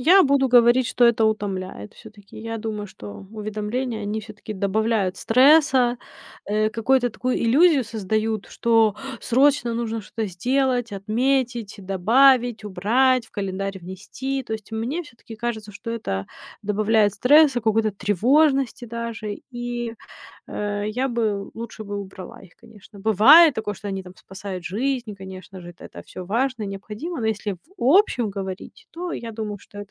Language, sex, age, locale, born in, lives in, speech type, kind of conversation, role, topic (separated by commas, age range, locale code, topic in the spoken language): Russian, female, 30-34, ru-RU, Ukraine, United States, conversation, podcast, guest, Как ты решаешь, когда отключать уведомления на телефоне?